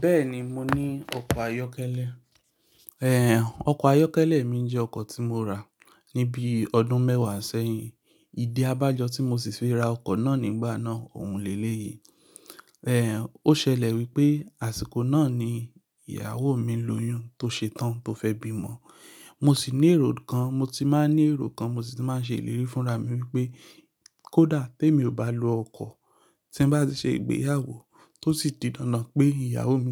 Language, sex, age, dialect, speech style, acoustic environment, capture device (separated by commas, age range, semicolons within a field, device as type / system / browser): Yoruba, male, 25-34, Nigeria - Lagos Yoruba, anxious, silence, mobile / Linux / Chrome